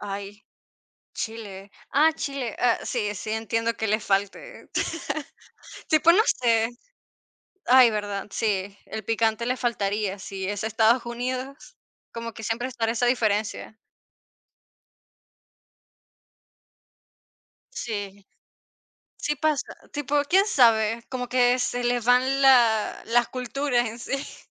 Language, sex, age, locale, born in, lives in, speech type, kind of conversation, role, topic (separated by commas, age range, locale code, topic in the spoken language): Spanish, female, 45-49, es-VE, Venezuela, Portugal, conversation, unstructured, none, ¿Prefieres cocinar en casa o comer fuera, y por qué?